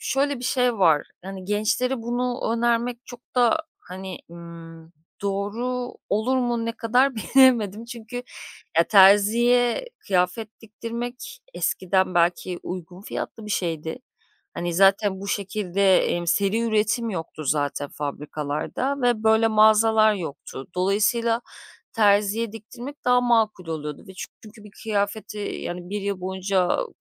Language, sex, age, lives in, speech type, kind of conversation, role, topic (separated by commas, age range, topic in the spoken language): Turkish, female, 35-39, Greece, conversation, podcast, guest, Kendi stilini keşfetmek isteyen birine ne önerirsin?